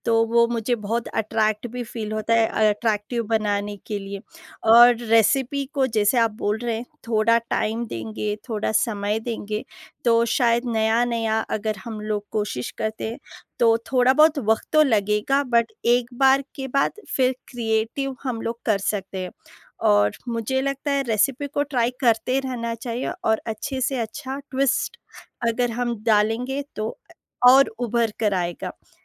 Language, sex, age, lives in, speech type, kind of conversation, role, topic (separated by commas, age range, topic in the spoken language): Hindi, female, 30-34, India, conversation, unstructured, none, खाना बनाते समय आपको सबसे ज़्यादा मज़ा कब आता है?